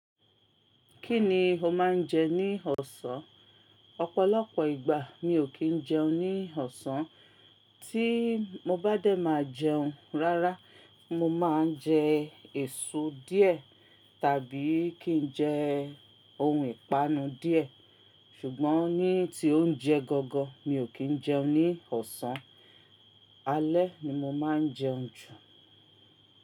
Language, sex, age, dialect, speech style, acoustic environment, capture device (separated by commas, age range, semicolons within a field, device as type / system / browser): Yoruba, female, 35-44, Nigeria - Ibadan, focused, silence, mobile / Linux / Chrome